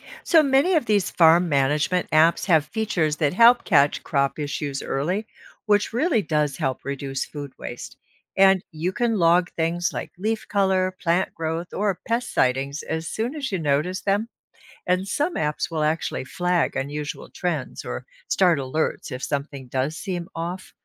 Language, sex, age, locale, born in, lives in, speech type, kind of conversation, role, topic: English, female, 65-69, en-US, United States, United States, read, expert-assistant, expert, What agricultural gadgets or apps improve food sustainability?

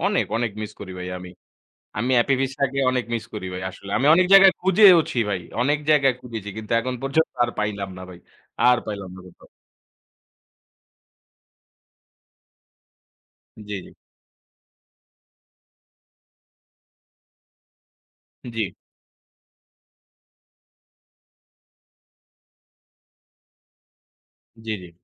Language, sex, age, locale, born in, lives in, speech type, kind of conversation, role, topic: Bengali, male, 25-29, bn-BD, Bangladesh, Bangladesh, conversation, unstructured, none, বাচ্চাবেলায় তোমার সবচেয়ে প্রিয় খাবার কোনটা ছিল?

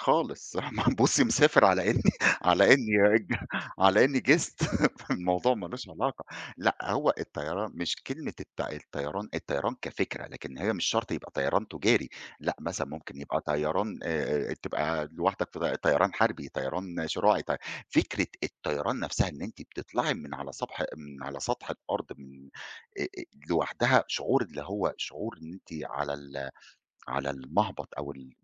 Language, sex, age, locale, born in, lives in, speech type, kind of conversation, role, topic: Arabic, male, 40-44, ar-EG, Egypt, Egypt, conversation, podcast, guest, إزاي بتتعامل مع لحظات فقدان الإلهام؟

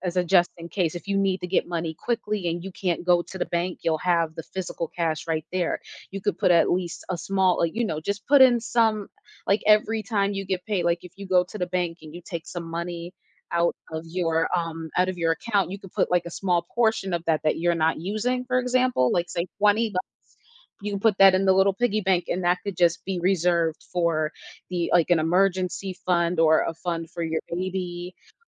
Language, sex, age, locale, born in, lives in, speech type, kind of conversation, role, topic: English, female, 25-29, en-US, United States, United States, conversation, advice, advisor, How can I manage financial stress and regain control of my money?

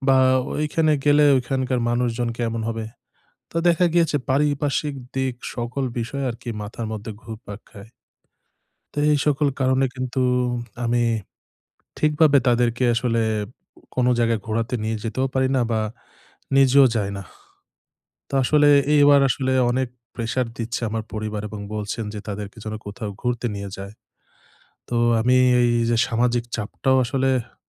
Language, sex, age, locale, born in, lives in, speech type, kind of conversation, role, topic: Bengali, male, 25-29, bn-BD, Bangladesh, Bangladesh, conversation, advice, user, ছুটির সময় সামাজিক চাপ ও প্রত্যাশা কীভাবে সামলাতে পারি?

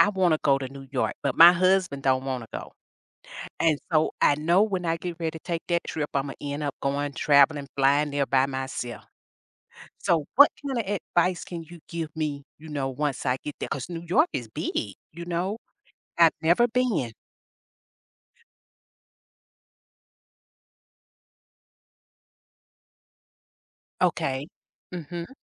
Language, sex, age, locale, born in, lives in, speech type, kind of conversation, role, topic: English, female, 50-54, en-US, United States, United States, conversation, advice, user, How can I stay safe while traveling alone in a new city?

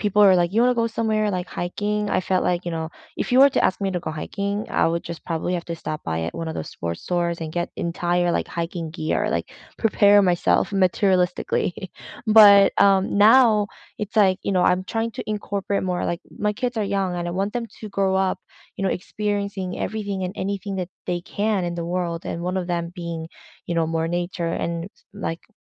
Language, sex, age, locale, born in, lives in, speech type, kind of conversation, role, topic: English, female, 30-34, en-CA, South Korea, Canada, conversation, unstructured, none, Which nearby nature spots do you return to again and again, and what keeps drawing you back?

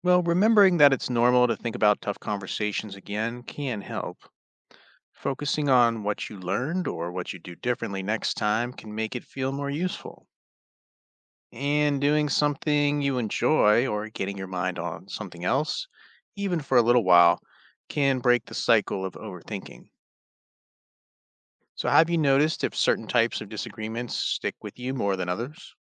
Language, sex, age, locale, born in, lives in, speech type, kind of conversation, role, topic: English, male, 35-39, en-US, United States, United States, read, expert-assistant, expert, Why is it important to listen to different points of view?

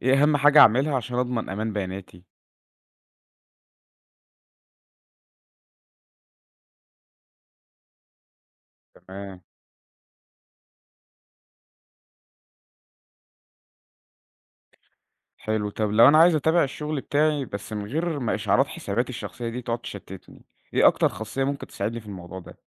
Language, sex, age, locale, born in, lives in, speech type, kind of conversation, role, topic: Arabic, male, 20-24, ar-EG, Egypt, Egypt, read, expert-assistant, asker, إزاي أقدر أنظم كل منصاتي الرقمية (زي فيسبوك، إنستجرام، تويتر) بحيث أبقى متابع كل حاجة من غير ما أحس بتشتت؟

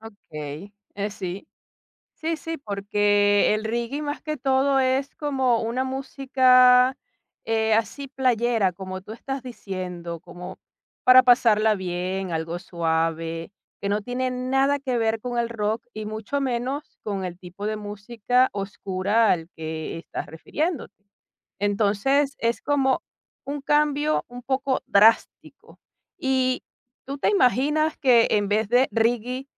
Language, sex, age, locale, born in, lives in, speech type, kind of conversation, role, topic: Spanish, female, 45-49, es-VE, Venezuela, Italy, conversation, podcast, host, ¿Qué género antes odiabas y ahora te gusta?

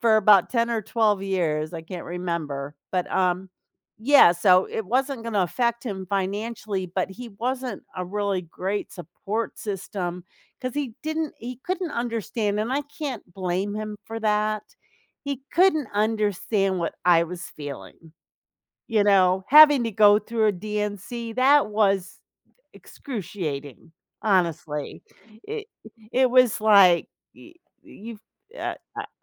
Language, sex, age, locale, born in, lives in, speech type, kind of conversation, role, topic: English, female, 60-64, en-US, United States, United States, conversation, advice, user, How do I cope with grief after a miscarriage?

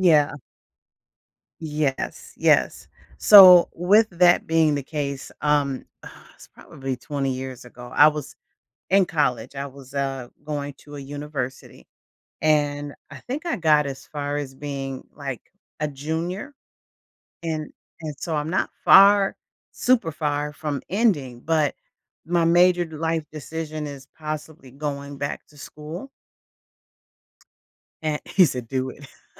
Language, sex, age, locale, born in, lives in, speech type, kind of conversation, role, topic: English, female, 55-59, en-US, United States, United States, conversation, advice, user, How should I decide between major life-changing options?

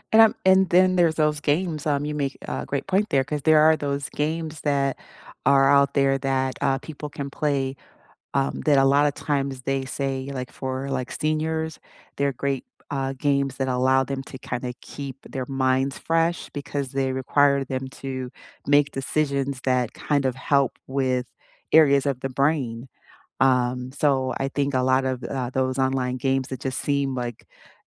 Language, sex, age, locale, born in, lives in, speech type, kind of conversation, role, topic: English, female, 50-54, en-US, United States, United States, conversation, unstructured, none, Which childhood game would you still happily play today, and what makes it timeless for you?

